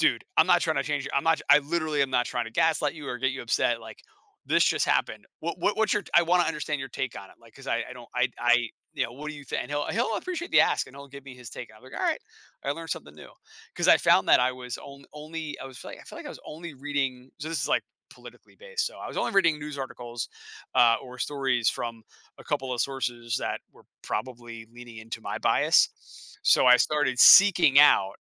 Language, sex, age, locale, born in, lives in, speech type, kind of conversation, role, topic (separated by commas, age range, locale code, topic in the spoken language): English, male, 40-44, en-US, United States, United States, conversation, podcast, host, What helps you navigate disagreements when your beliefs differ from someone else's?